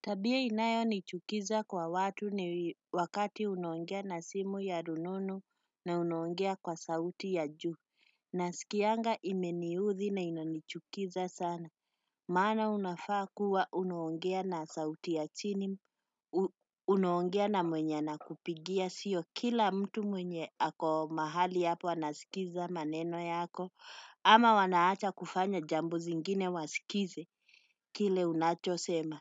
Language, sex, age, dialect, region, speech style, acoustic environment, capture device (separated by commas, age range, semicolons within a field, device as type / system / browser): Swahili, female, 35-44, Kenya - Nairobi Sheng-influenced, Kenya, relaxed, silence, desktop / Windows / Chrome